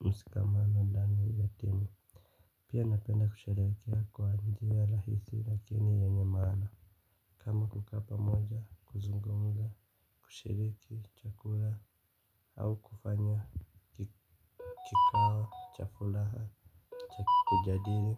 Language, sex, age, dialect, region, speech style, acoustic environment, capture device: Swahili, male, 25-34, Kenya - Nairobi Sheng-influenced, Kenya, happy, silence, mobile / Linux / Chrome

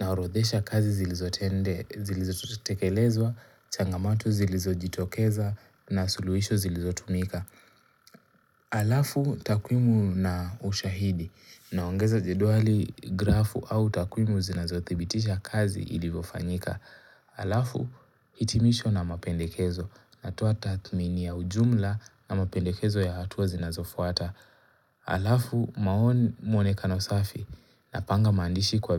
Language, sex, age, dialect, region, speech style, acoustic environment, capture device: Swahili, male, 18-24, Kenya - Mombasa, Kenya, relaxed, silence, mobile / Linux / Chrome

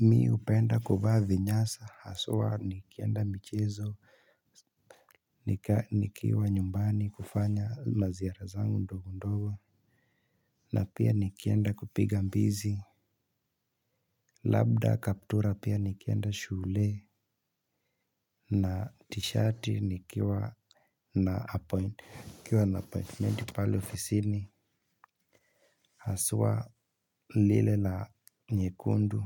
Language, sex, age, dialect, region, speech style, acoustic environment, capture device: Swahili, male, 18-24, Kenya - Nairobi Sheng-influenced, Kenya, relaxed, silence; nature, mobile / Linux / Chrome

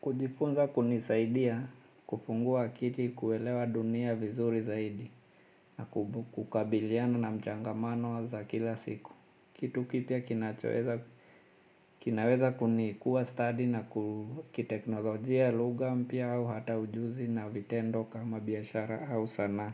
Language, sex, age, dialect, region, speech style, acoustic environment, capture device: Swahili, male, 35-44, Kenya - Mombasa, Kenya, anxious; happy, silence, mobile / Linux / Chrome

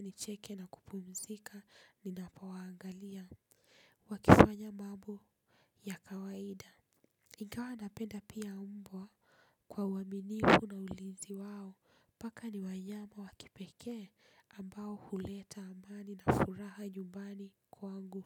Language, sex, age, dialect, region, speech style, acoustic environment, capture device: Swahili, female, 25-34, Kenya - Nairobi Sheng-influenced, Kenya, relaxed, appliances; nature, desktop / Linux / Chrome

